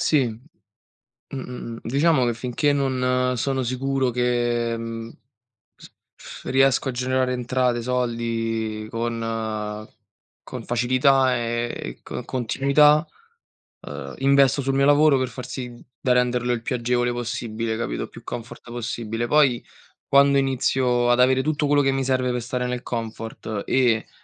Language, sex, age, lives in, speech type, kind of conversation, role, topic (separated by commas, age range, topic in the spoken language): Italian, male, 25-29, Italy, conversation, unstructured, none, Qual è un acquisto che ti ha reso davvero felice?